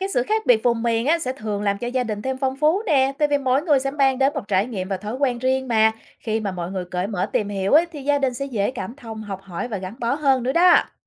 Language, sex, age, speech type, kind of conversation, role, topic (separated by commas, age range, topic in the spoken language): Vietnamese, female, 35-39, read, expert-assistant, expert, Vì sao cùng một câu nói nhưng ở miền Bắc và miền Nam lại có thể mang ý nghĩa khác nhau? Đâu là nguyên nhân dẫn đến sự mập mờ này?